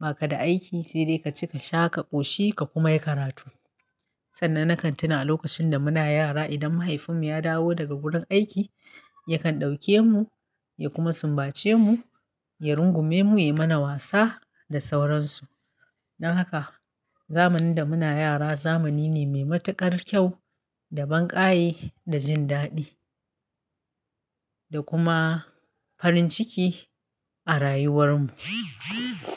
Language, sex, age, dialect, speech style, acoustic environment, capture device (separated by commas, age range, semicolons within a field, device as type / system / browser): Hausa, female, 35-44, Nigeria - Kano, happy, silence, mobile / Linux / Chrome